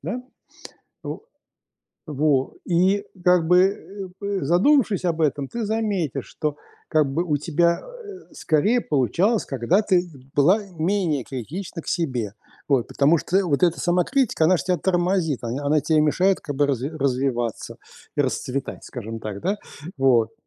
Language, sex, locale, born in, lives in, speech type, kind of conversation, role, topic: Russian, male, ru-RU, Russia, United States, conversation, advice, advisor, Почему я постоянно корю и критикую себя?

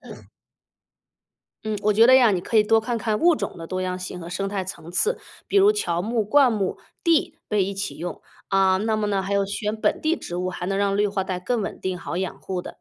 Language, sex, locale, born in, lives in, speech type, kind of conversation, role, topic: Chinese, female, zh-CN, China, United States, read, expert-assistant, expert, 城市绿化带对城市生态环境的好处和可能的问题有哪些？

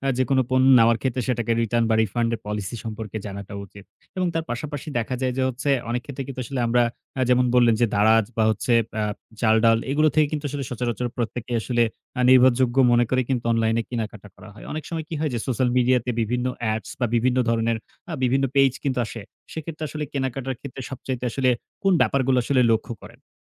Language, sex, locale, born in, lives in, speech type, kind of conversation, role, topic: Bengali, male, bn-BD, Bangladesh, Bangladesh, conversation, podcast, host, অনলাইনে নিরাপদে কেনাকাটা কীভাবে করবেন?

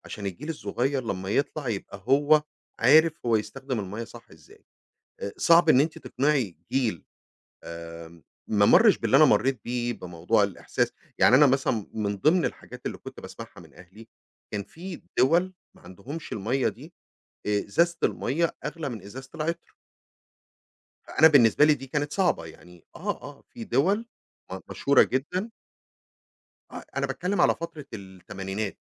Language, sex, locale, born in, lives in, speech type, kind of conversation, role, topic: Arabic, male, ar-EG, Egypt, United States, conversation, podcast, guest, إزاي نوفّر الميه في البيت بطرق بسيطة؟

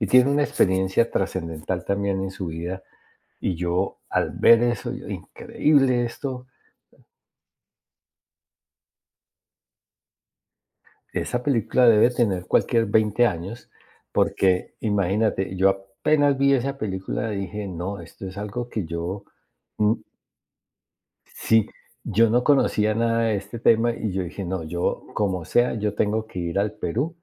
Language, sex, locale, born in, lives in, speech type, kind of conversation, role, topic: Spanish, male, es-CO, Colombia, United States, conversation, podcast, guest, ¿Qué película te cambió la vida?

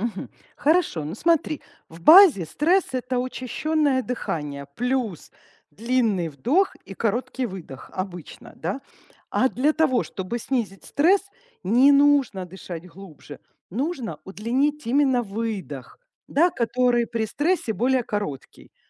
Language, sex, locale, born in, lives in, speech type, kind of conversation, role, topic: Russian, female, ru-RU, Ukraine, Italy, conversation, advice, advisor, Какие дыхательные техники помогают снизить напряжение и стресс?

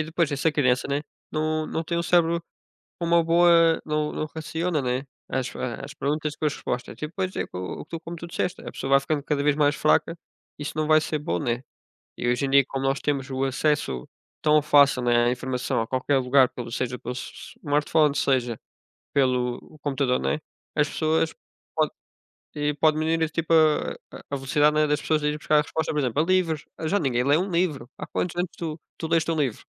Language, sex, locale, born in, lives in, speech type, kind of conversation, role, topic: Portuguese, male, pt-PT, Portugal, Portugal, conversation, unstructured, none, A tecnologia torna as pessoas mais preguiçosas na hora de pensar?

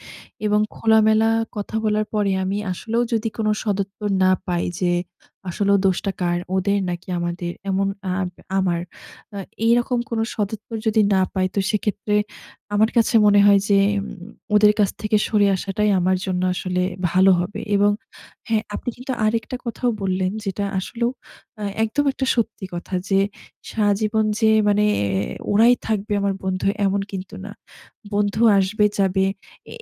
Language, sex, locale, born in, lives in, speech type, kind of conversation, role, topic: Bengali, female, bn-BD, Bangladesh, Bangladesh, conversation, advice, user, গ্রুপের মধ্যে বাদ পড়ে আপনি একা অনুভব করলে কীভাবে সামলান?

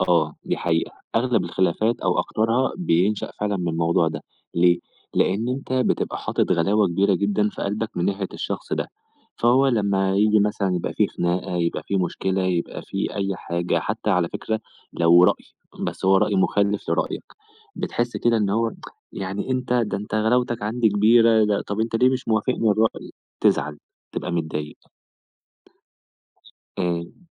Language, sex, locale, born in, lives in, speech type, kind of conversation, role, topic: Arabic, male, ar-EG, Egypt, Egypt, conversation, podcast, guest, إنت بتعمل إيه لما يحصل خلاف كبير في البيت؟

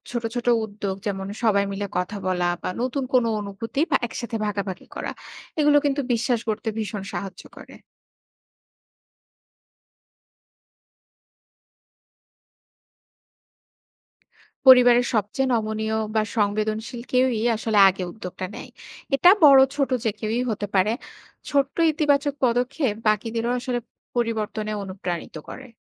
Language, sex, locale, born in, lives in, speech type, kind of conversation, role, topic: Bengali, female, bn-BD, Bangladesh, Bangladesh, read, expert-assistant, expert, আমাদের সমাজে প্রজন্মের মধ্যকার মূল্যবোধের পার্থক্য কেন বাড়ছে? এর পেছনে কোন কোন সাংস্কৃতিক কারণ থাকতে পারে?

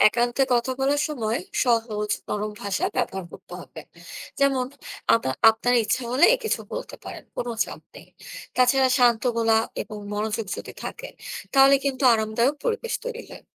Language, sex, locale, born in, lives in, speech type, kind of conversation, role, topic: Bengali, female, bn-BD, Bangladesh, Bangladesh, read, expert-assistant, expert, কর্মস্থলে যদি সহকর্মীদের চিন্তা-ভাবনা বা রীতিনীতিতে মতপার্থক্য হয়, তখন কীভাবে বোঝাপড়া বাড়ানো যায়?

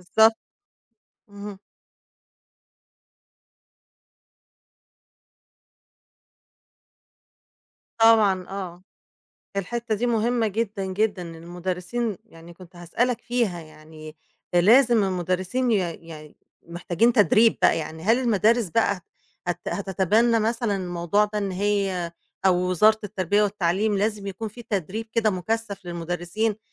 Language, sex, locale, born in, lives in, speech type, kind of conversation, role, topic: Arabic, female, ar-EG, Egypt, Egypt, conversation, podcast, host, إيه رأيك في استخدام التكنولوجيا جوّا الفصل؟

